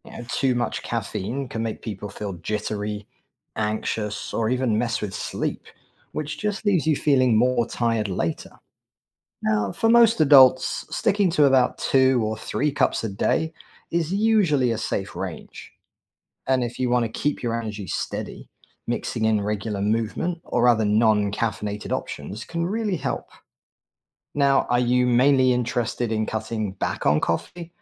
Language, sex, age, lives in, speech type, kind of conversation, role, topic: English, male, 40-44, United States, read, expert-assistant, expert, Which is more effective for boosting energy: taking a short walk or drinking a cup of coffee?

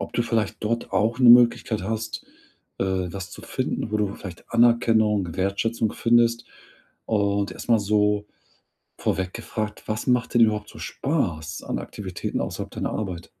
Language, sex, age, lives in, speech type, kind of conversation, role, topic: German, male, 40-44, Germany, conversation, advice, advisor, Wie kann ich außerhalb meines Berufs einen sinnvollen Platz und eine Identität finden?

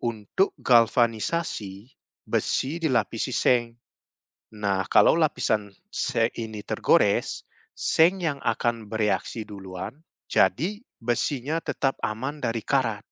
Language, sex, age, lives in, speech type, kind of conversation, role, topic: Indonesian, male, 30-34, Netherlands, read, expert-assistant, expert, Mengapa besi bisa berkarat?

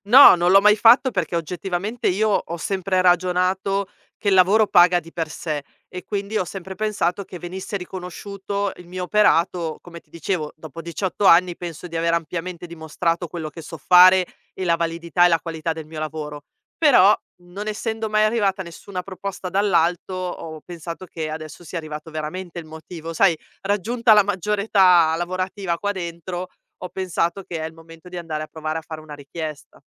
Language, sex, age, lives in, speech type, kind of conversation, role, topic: Italian, female, 40-44, Italy, conversation, advice, user, Come posso chiedere una promozione dopo anni senza avanzamenti?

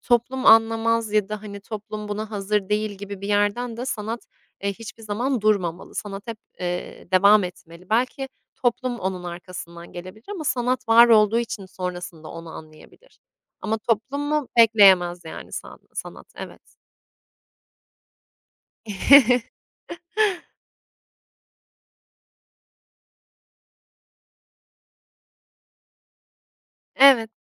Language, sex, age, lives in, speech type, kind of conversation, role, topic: Turkish, female, 25-29, Italy, conversation, podcast, guest, Taşınmak veya göç etmek müzik zevkini nasıl değiştirdi?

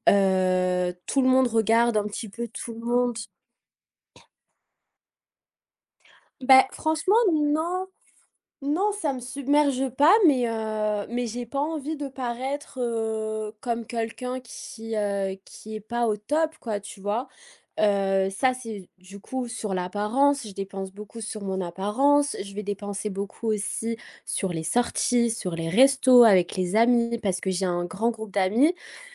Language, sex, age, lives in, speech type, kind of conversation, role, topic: French, female, 25-29, France, conversation, advice, user, Pourquoi je n’arrive pas à respecter mon budget mensuel ?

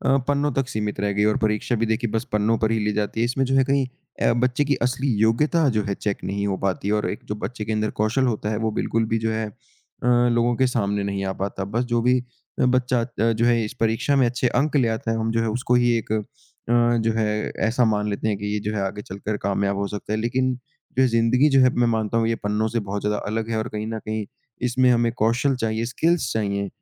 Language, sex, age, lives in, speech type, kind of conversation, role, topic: Hindi, male, 20-24, India, conversation, unstructured, none, क्या वर्तमान परीक्षा प्रणाली बच्चों की वास्तविक योग्यता को सही ढंग से दर्शाती है?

